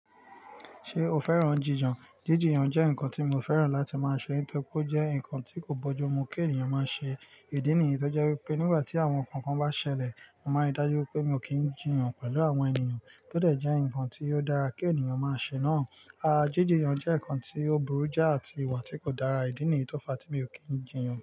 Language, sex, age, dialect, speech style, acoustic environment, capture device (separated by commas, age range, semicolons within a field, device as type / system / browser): Yoruba, male, 25-34, Nigeria - Nigerian Standard, focused, nature, mobile / Linux / Chrome